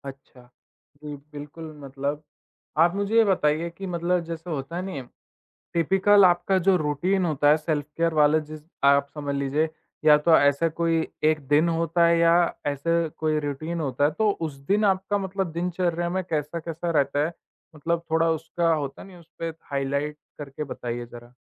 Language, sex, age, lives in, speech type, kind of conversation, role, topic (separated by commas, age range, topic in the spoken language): Hindi, male, 18-19, India, conversation, podcast, host, Agar tum self-care ka routine simple rakho, toh usse tumhari life mein kya fark padta hai aur tum apne liye kya karte ho?